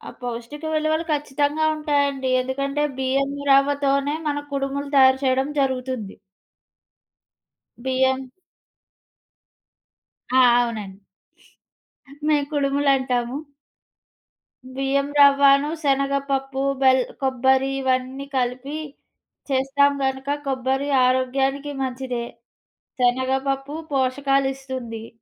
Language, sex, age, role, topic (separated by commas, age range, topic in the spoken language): Telugu, female, 25-29, guest, మీ ఇంట్లో తరతరాలుగా కొనసాగుతున్న ఆచారం ఏది?